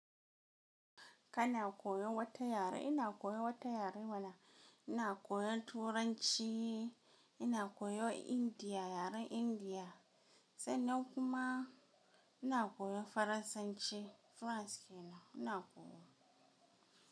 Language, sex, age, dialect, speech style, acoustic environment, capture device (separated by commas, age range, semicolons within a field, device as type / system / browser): Hausa, female, 18-24, Nigeria - Kano, happy; relaxed, silence, mobile / Linux / Chrome